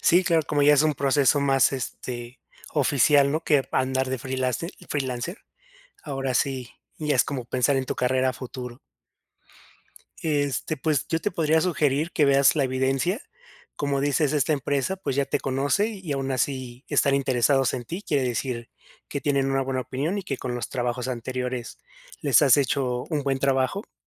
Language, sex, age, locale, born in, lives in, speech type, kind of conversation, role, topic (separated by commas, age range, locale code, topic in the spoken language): Spanish, male, 30-34, es-MX, Mexico, Mexico, conversation, advice, advisor, ¿Cómo puedo manejar el síndrome del impostor para aceptar nuevas responsabilidades?